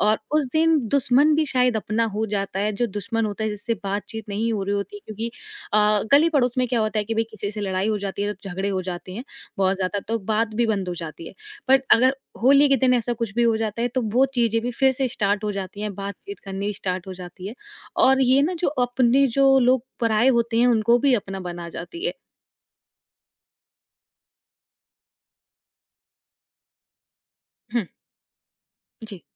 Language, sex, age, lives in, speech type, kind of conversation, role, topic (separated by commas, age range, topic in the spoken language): Hindi, female, 20-24, India, conversation, podcast, guest, Kaunsi family parampara tumhe sabse zyada apnapan mehsoos karwati hai?